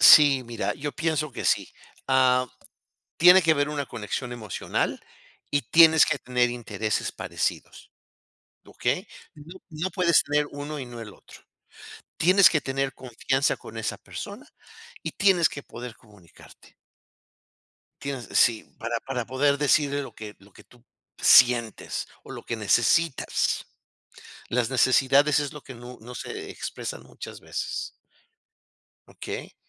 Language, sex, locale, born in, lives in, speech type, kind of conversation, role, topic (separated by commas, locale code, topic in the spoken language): Spanish, male, es-MX, Mexico, United States, conversation, unstructured, none, ¿Cómo sabes si alguien es la persona indicada para ti?